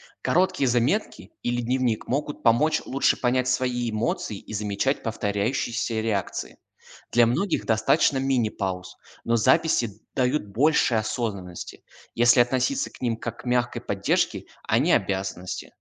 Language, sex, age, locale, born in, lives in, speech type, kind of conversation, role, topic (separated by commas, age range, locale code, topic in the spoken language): Russian, male, 20-24, ru-RU, Russia, Germany, read, expert-assistant, expert, Что важнее для меня сейчас: спокойствие или достижение целей?